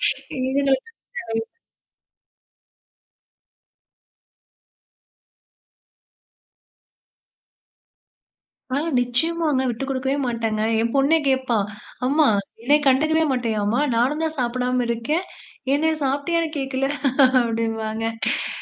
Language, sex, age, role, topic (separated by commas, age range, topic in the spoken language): Tamil, female, 30-34, guest, குழந்தைப் பருவத்தில் நீங்கள் விளையாடிய நினைவுகளைப் பற்றி சொல்ல முடியுமா?